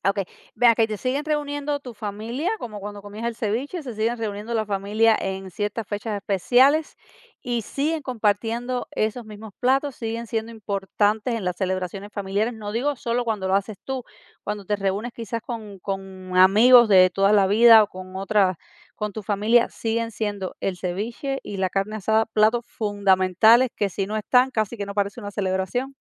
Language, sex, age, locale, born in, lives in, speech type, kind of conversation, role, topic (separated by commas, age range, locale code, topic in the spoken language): Spanish, female, 40-44, es-CU, Cuba, United States, conversation, podcast, host, ¿Qué comida nunca falta en tus celebraciones familiares?